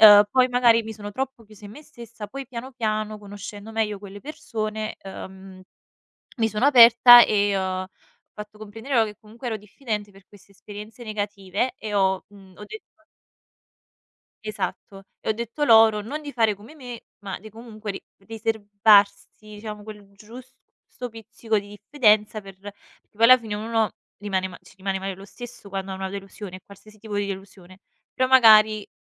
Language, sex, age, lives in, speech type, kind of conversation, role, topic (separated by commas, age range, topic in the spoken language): Italian, female, 25-29, Italy, conversation, unstructured, none, Qual è stata una lezione importante che hai imparato nella vita?